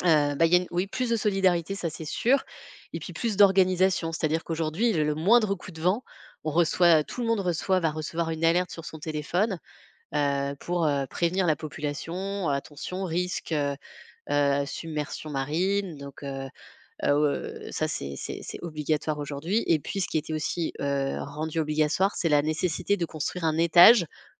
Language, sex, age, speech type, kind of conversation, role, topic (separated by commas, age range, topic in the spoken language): French, female, 35-39, conversation, podcast, guest, À ton avis, qu'est-ce qui fait qu'un quartier est solidaire ?